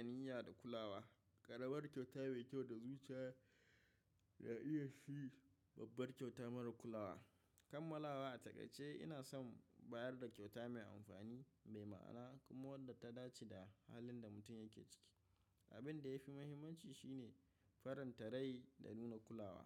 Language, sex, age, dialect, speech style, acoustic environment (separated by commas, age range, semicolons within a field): Hausa, male, 25-34, Nigeria - Kano, relaxed, silence